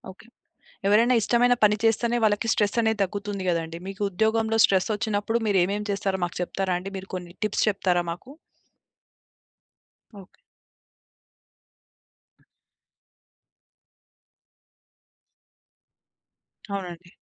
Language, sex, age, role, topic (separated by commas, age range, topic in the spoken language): Telugu, female, 25-29, host, కొత్త నైపుణ్యాన్ని నేర్చుకోవడం మొదలుపెట్టేటప్పుడు మీరు ముందుగా ఏం చేస్తారు?